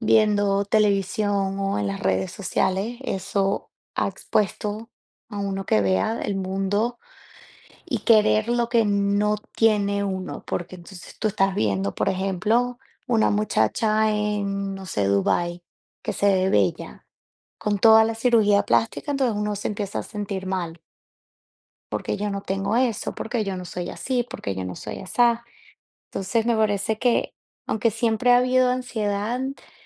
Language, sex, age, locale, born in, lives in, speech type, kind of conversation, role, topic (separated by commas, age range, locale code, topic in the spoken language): Spanish, female, 30-34, es-VE, Venezuela, United States, conversation, unstructured, none, ¿Crees que hoy en día se exagera la ansiedad?